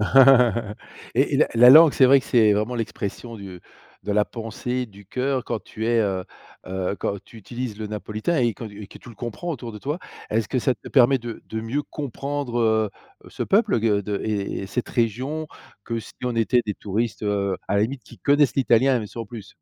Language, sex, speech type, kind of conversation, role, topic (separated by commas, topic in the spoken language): French, male, conversation, podcast, host, Comment la langue de tes grands-parents se maintient-elle encore aujourd’hui ?